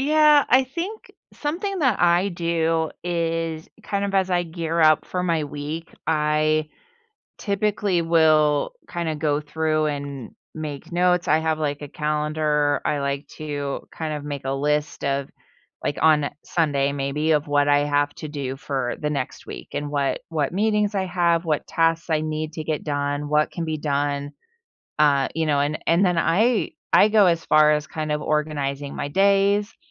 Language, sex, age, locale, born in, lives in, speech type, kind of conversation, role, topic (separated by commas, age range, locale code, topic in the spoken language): English, female, 45-49, en-US, United States, United States, conversation, podcast, guest, What habits or routines help you manage stress at work?